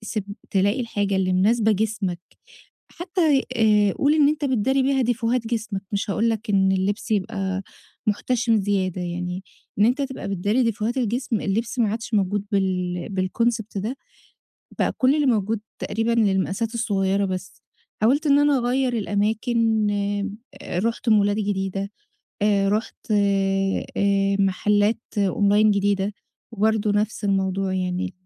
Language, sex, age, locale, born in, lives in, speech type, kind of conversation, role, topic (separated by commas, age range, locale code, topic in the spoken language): Arabic, female, 30-34, ar-EG, Egypt, Egypt, conversation, advice, user, إزاي ألاقي لبس يناسب ذوقي ويبقى مريح وسعره مناسب؟